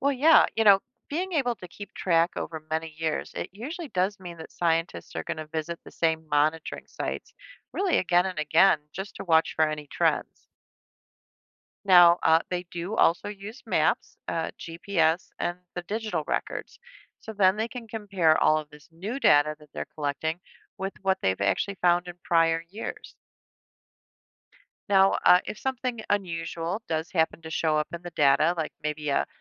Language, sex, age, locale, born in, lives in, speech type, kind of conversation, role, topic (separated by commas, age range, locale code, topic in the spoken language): English, female, 55-59, en-US, United States, United States, read, expert-assistant, expert, What is acid rain and why is it harmful?